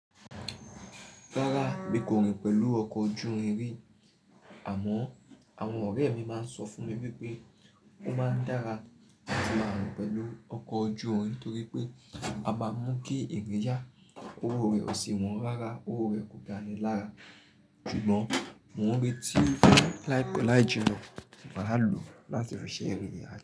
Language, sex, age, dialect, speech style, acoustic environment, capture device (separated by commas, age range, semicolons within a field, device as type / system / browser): Yoruba, male, 25-34, Nigeria - Nigerian Standard, happy, silence, mobile / Linux / Chrome